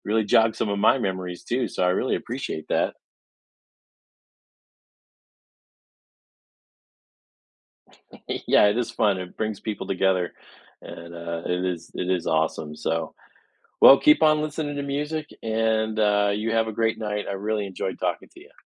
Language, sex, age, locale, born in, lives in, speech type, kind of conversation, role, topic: English, male, 50-54, en-US, United States, United States, conversation, podcast, host, How can a meaningful music experience shape your connection to music?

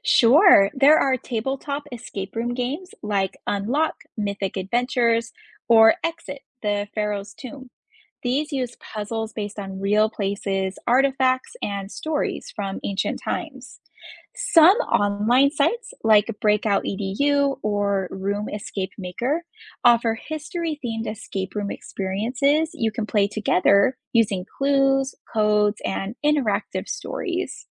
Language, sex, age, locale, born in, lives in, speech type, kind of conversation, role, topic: English, female, 30-34, en-US, United States, United States, read, expert-assistant, expert, What are fun ways to learn history outside school?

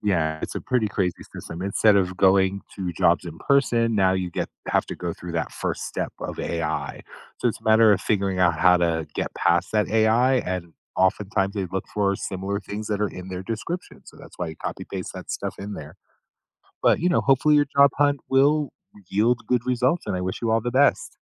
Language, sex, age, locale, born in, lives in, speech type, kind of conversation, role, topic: English, male, 50-54, en-US, United States, United States, conversation, advice, advisor, How do I make a confident choice when I'm uncertain about a major life decision?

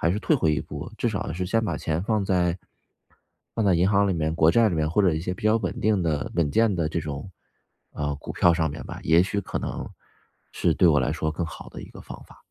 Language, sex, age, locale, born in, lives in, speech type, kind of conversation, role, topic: Chinese, male, 35-39, zh-CN, China, United States, conversation, advice, user, 我该如何评估自己的财务风险，并确保长期生活安全？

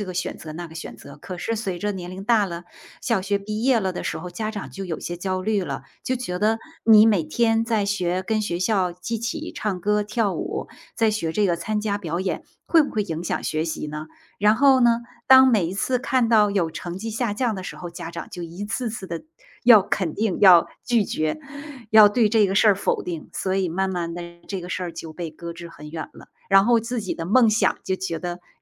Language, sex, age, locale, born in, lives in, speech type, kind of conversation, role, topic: Chinese, female, 45-49, zh-TW, China, United States, conversation, unstructured, none, 如果可以回到过去，你会对小时候的自己说些什么？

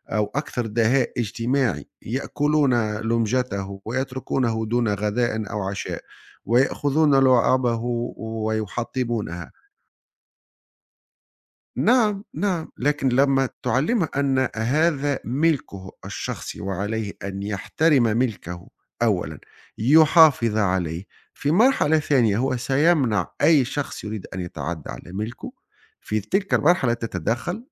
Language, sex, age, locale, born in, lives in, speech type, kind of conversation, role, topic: Arabic, male, 40-44, ar-TN, Tunisia, Italy, conversation, unstructured, none, هل إنت شايف إن الناس بتتولد طيبين ولا بيتعلموا الطيبة؟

